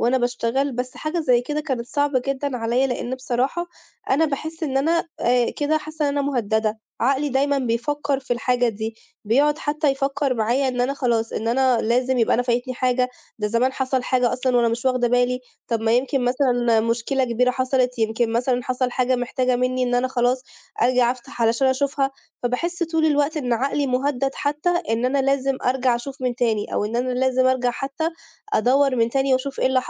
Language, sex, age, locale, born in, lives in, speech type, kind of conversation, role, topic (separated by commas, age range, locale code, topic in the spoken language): Arabic, female, 25-29, ar-EG, Egypt, Italy, conversation, advice, user, إزاي إشعارات الموبايل والتطبيقات بتقطع تركيزك طول اليوم؟